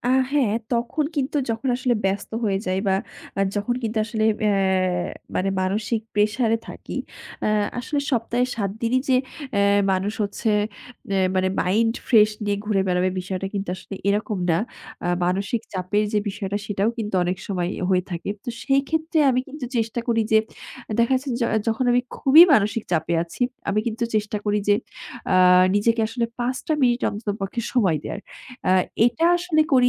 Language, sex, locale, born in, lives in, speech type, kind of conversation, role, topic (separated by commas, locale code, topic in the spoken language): Bengali, female, bn-BD, Bangladesh, Bangladesh, conversation, podcast, guest, আপনি প্রতিদিন একটু করে কীভাবে উন্নতি করেন?